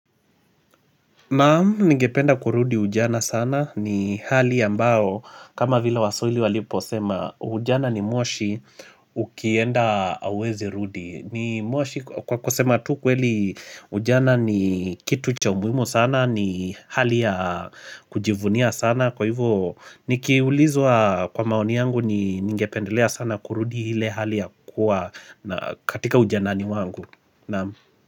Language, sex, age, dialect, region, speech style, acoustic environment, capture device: Swahili, male, 25-34, Kenya - Mombasa, Kenya, happy; relaxed, people talking, mobile / Linux / Chrome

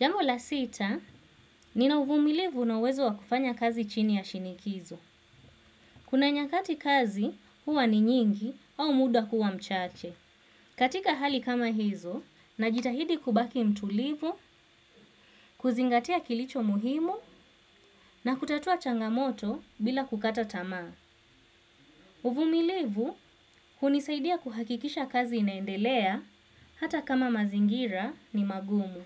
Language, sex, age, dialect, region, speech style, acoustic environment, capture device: Swahili, female, 25-34, Kenya - Mombasa, Kenya, focused; relaxed, silence, desktop / Windows / Chrome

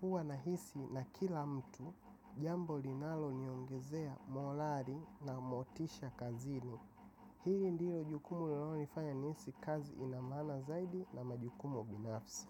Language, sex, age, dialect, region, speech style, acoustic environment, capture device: Swahili, male, 25-34, Tanzania - Dar es Salaam, Tanzania, relaxed; happy; focused; excited, silence; nature; appliances, mobile / macOS / Safari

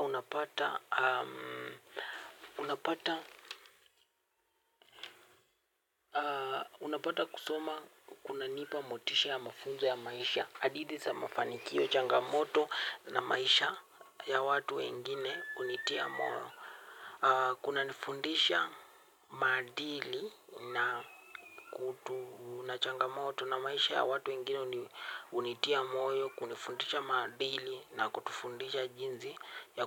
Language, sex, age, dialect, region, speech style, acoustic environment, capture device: Swahili, male, 18-24, Kenya - Nairobi Sheng-influenced, Kenya, happy; relaxed, nature, mobile / Linux / Chrome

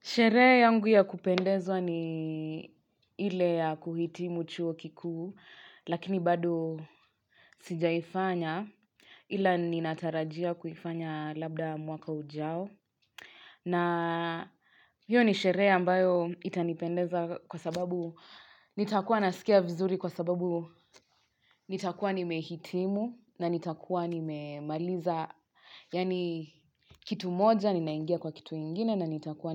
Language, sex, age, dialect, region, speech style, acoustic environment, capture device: Swahili, female, 25-34, Kenya - Nairobi Sheng-influenced, Kenya, relaxed, silence; nature, mobile / Linux / Chrome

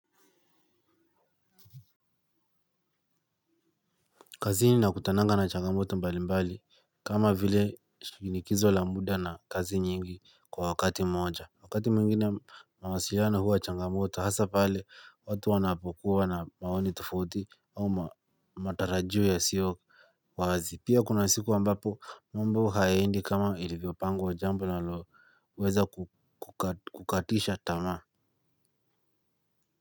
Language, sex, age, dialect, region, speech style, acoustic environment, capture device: Swahili, male, 18-24, Kenya - Nairobi Sheng-influenced, Kenya, relaxed, silence, mobile / Linux / Chrome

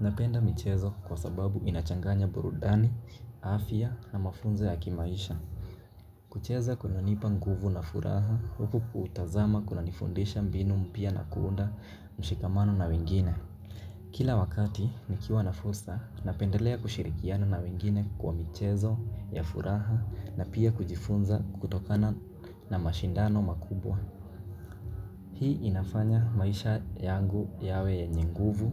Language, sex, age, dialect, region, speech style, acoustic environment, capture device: Swahili, male, 18-24, Kenya - Mombasa, Kenya, relaxed, nature, mobile / Linux / Chrome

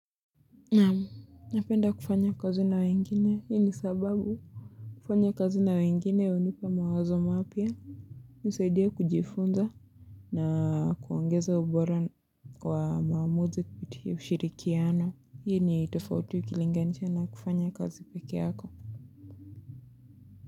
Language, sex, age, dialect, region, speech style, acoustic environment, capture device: Swahili, female, 25-34, Kenya - Mombasa, Kenya, relaxed; excited, people talking; music, mobile / Linux / Chrome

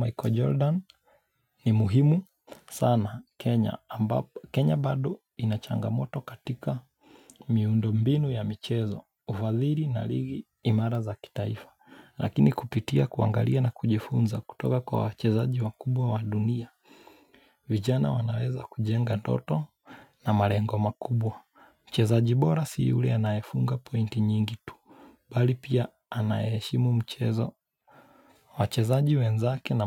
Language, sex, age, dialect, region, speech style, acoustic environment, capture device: Swahili, male, 25-34, Kenya - Mombasa, Kenya, relaxed, nature, mobile / Linux / Chrome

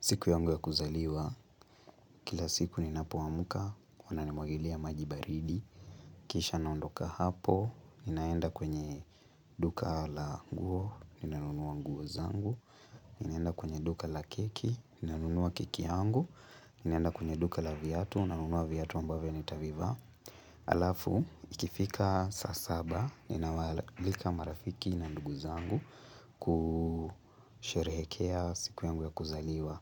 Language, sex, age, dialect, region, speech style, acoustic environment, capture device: Swahili, male, 18-24, Kenya - Nairobi Sheng-influenced, Kenya, relaxed, nature, mobile / Linux / Chrome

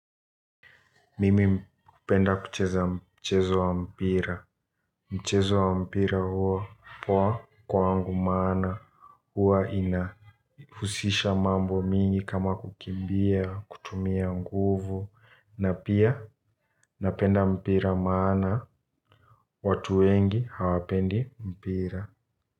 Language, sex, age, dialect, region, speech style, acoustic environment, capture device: Swahili, male, 18-24, Kenya - Nairobi Sheng-influenced, Kenya, relaxed, silence, mobile / Linux / Chrome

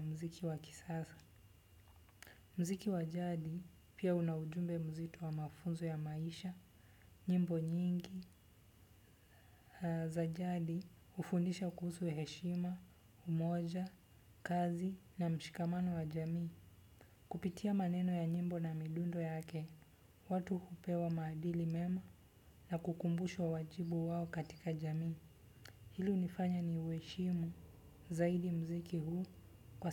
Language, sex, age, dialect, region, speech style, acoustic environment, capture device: Swahili, male, 25-34, Kenya - Nairobi Sheng-influenced, Kenya, relaxed, silence, desktop / Windows / Chrome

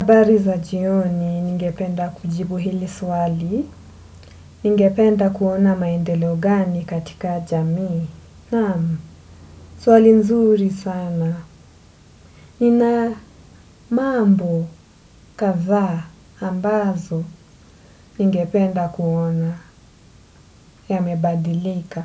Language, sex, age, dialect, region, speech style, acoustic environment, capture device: Swahili, female, 25-34, Kenya - Mombasa, Kenya, relaxed, silence, desktop / Windows / Edge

